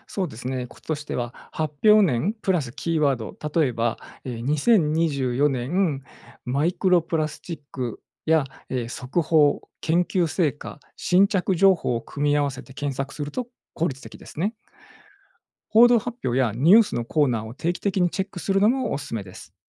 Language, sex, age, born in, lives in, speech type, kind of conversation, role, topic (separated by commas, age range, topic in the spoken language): Japanese, male, 45-49, Japan, Japan, read, expert-assistant, expert, SNSで拡散される自然環境の話題、本当に信用できる情報かどうか、どうやって判断していますか？